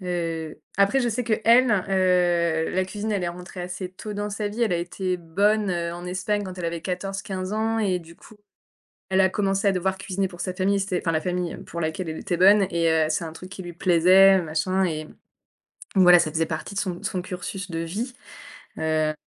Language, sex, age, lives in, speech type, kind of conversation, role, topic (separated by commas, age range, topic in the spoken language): French, female, 30-34, France, conversation, podcast, guest, Y a‑t‑il des plats qui représentent ta famille ?